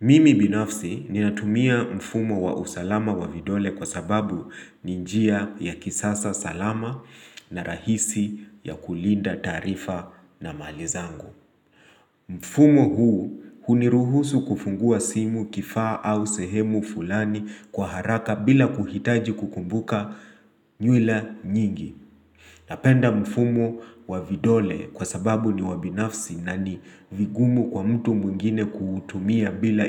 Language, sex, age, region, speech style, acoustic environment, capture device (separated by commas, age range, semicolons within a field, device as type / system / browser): Swahili, male, 25-34, Kenya, relaxed, nature, mobile / Linux / Chrome